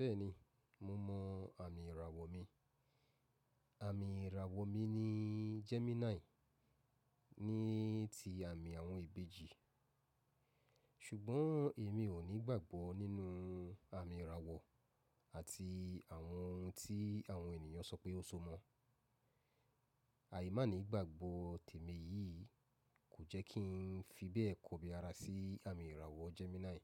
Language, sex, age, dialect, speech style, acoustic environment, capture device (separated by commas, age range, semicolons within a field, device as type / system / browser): Yoruba, male, 35-44, Nigeria - Lagos Yoruba, focused; relaxed, silence, mobile / macOS / Safari